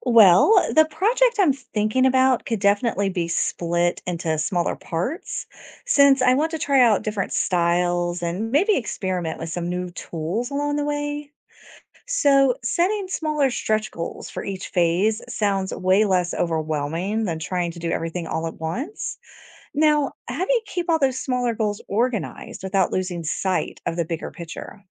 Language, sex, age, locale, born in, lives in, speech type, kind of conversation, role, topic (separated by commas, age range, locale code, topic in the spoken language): English, female, 45-49, en-US, United States, United States, read, expert-assistant, asker, How can I strategically plan a personal project that helps me develop new creative skills?